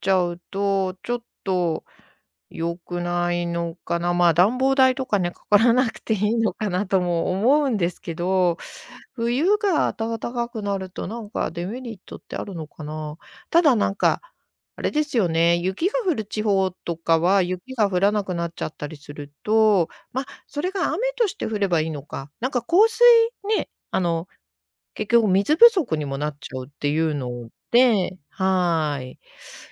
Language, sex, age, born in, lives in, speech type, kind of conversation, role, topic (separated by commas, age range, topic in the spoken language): Japanese, female, 55-59, Japan, Japan, conversation, podcast, guest, 最近、気候変動を身近に感じた出来事はありましたか?